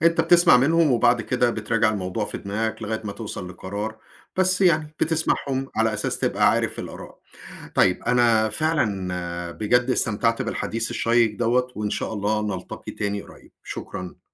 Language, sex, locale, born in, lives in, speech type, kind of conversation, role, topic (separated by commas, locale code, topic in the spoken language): Arabic, male, ar-EG, Egypt, United States, conversation, podcast, host, احكيلي عن صداقة غيّرت مجرى حياتك؟